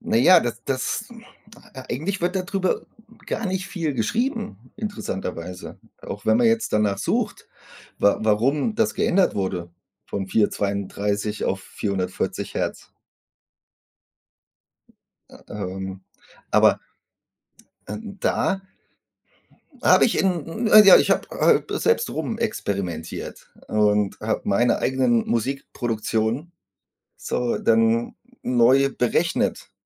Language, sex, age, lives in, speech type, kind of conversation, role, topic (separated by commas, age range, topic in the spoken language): German, male, 40-44, Germany, conversation, unstructured, none, Wie beeinflusst Musik deine Emotionen?